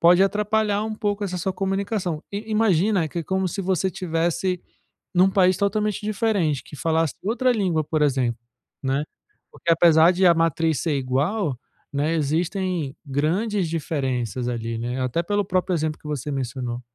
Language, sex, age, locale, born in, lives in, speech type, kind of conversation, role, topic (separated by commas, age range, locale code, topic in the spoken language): Portuguese, male, 35-39, pt-BR, Brazil, France, conversation, advice, advisor, Como posso adaptar minha comunicação verbal e não verbal em um novo país?